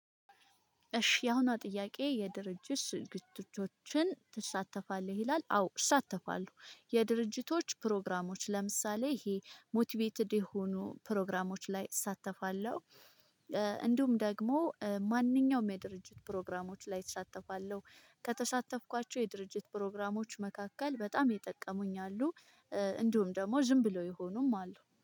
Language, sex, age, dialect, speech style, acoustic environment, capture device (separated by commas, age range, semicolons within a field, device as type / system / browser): Amharic, female, 25-34, Ethiopia - Addis Ababa, happy; stressed; focused; relaxed, people talking, mobile / Linux / Chrome